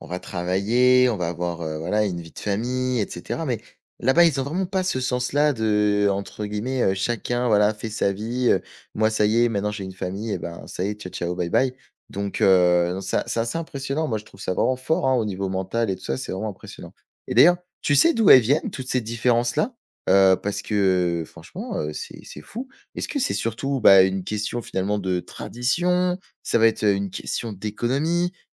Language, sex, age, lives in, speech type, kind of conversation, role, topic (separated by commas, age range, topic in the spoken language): French, male, 20-24, France, read, expert-assistant, asker, Où est-ce que je peux trouver des histoires vraies sur la façon dont différentes cultures soignent leurs proches ?